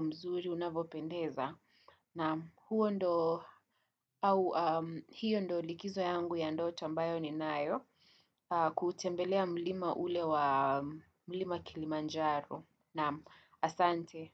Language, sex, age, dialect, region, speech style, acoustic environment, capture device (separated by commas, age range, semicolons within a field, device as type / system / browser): Swahili, female, 18-24, Kenya - Nairobi Sheng-influenced, Kenya, nervous; focused, people talking; traffic, desktop / Windows / Chrome